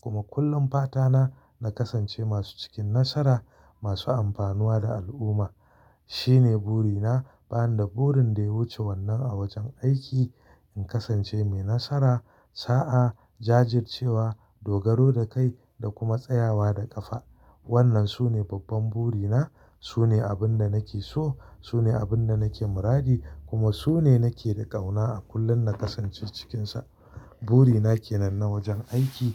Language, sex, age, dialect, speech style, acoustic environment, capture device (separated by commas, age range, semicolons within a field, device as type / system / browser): Hausa, male, 35-44, Nigeria - Kano, happy, silence, mobile / Linux / Chrome